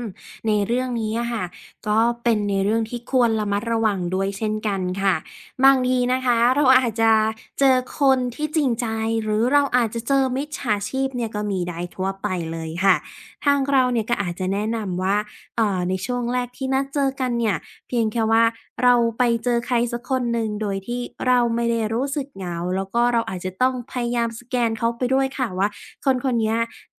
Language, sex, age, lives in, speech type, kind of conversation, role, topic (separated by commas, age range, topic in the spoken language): Thai, female, 25-29, Thailand, conversation, advice, advisor, วันหยุดแล้วรู้สึกโดดเดี่ยวบ่อย ๆ ควรทำอย่างไรดี?